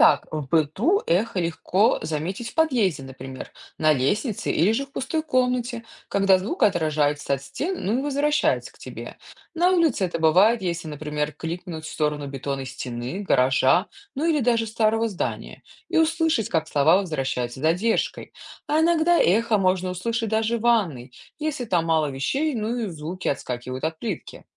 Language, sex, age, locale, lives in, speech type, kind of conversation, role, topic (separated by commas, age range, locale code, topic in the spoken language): Russian, female, 35-39, ru-RU, United States, read, expert-assistant, expert, Как появляется эхо